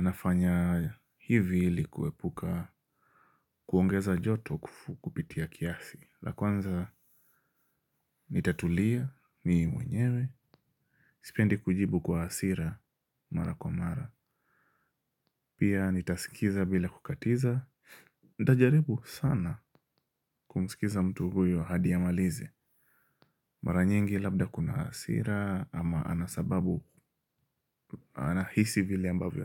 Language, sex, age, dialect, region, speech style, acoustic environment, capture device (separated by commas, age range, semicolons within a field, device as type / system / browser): Swahili, male, 25-34, Kenya - Mombasa, Kenya, happy, silence, mobile / Linux / Chrome